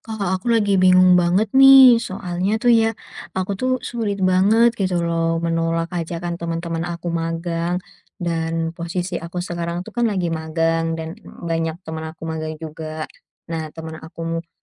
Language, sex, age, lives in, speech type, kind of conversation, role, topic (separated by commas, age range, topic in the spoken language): Indonesian, female, 20-24, Indonesia, conversation, advice, user, Bagaimana cara menolak ajakan hangout tanpa merasa bersalah?